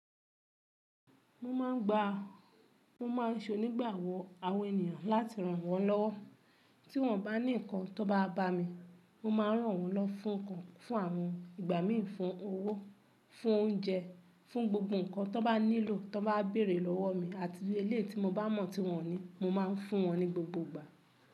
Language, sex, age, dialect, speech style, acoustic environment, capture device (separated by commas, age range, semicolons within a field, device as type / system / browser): Yoruba, male, 25-34, Nigeria - Kano, relaxed, appliances, mobile / Linux / Chrome